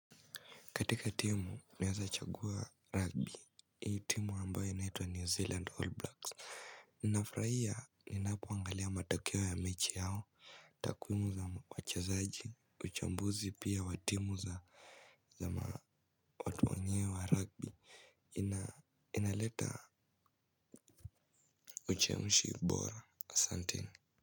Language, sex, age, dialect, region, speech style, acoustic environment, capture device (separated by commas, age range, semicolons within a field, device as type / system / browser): Swahili, male, 18-24, Kenya - Mombasa, Kenya, stressed, silence, mobile / Linux / Chrome